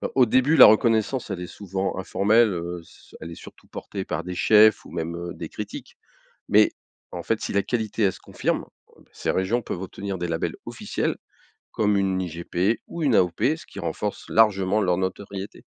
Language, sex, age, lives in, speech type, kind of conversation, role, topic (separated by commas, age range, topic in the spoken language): French, male, 55-59, France, read, expert-assistant, expert, Pourquoi la façon d’élever les animaux varie-t-elle autant selon les pays et les traditions ?